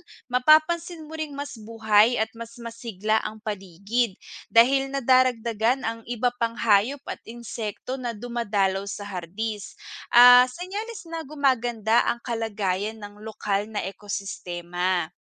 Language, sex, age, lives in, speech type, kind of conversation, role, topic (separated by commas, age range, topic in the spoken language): Filipino, female, 25-29, Philippines, read, expert-assistant, expert, Paano makakatulong sa pagpaparami at proteksyon ng mga bubuyog sa ating hardin?